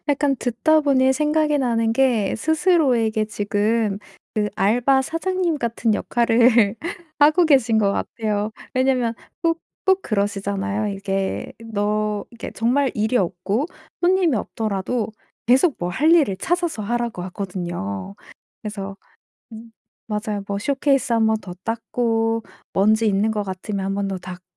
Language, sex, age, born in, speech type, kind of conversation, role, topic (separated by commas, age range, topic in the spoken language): Korean, female, 25-29, South Korea, conversation, advice, advisor, 휴식할 때 느끼는 죄책감을 어떻게 줄일 수 있을까요?